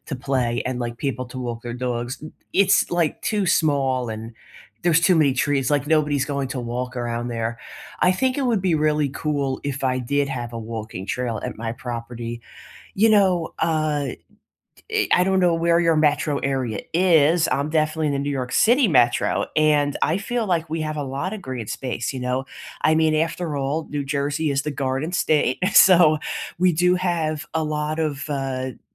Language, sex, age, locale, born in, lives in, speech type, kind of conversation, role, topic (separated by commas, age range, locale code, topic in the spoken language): English, female, 30-34, en-US, United States, United States, conversation, unstructured, none, What are your favorite scenic walking or biking routes around here?